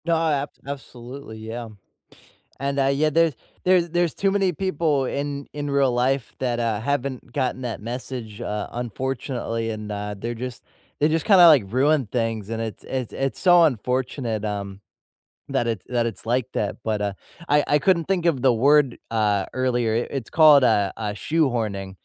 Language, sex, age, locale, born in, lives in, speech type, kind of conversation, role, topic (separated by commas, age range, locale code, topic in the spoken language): English, male, 25-29, en-US, United States, United States, conversation, unstructured, none, Which animated films unexpectedly hit you harder as an adult, and why do they resonate now?